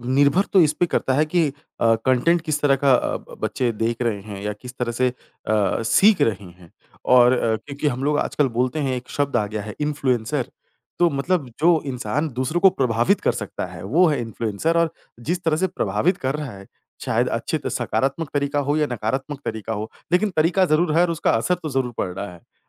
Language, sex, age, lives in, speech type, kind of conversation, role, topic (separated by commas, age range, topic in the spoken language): Hindi, male, 30-34, India, conversation, podcast, host, सोशल मीडिया ने आपकी पहचान कैसे बदली है?